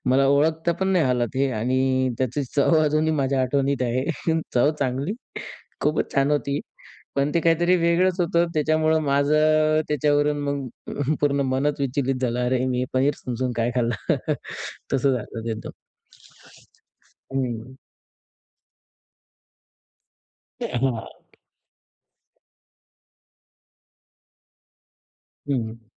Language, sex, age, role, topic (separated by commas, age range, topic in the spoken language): Marathi, male, 20-24, guest, परदेशात किंवा नवीन शहरात राहायला गेल्यावर तुझ्या आयुष्यात काय बदल झाले?